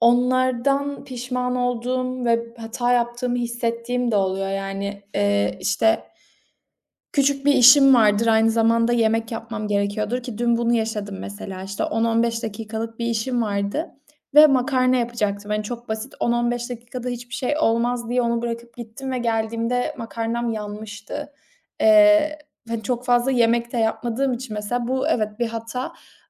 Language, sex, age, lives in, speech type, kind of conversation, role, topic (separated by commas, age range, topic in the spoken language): Turkish, female, 25-29, Italy, conversation, podcast, guest, Hatalarından nasıl ders çıkarırsın?